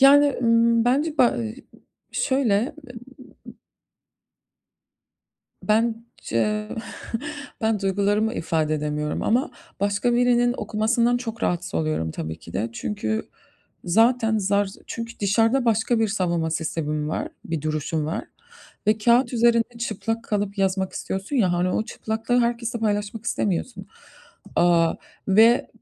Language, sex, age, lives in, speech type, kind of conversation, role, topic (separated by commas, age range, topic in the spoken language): Turkish, female, 35-39, United States, conversation, advice, user, Her gün yazı yazma ya da günlük tutma alışkanlığını neden bir türlü başlatamıyorum?